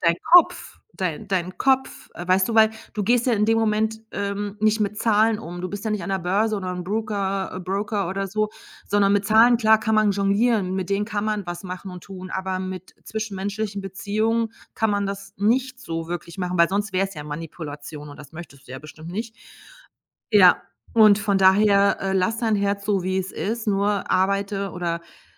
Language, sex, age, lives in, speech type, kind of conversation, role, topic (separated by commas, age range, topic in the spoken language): German, female, 45-49, Germany, conversation, advice, advisor, Wie kann die Angst, wieder verletzt zu werden, neue Bindungen verhindern?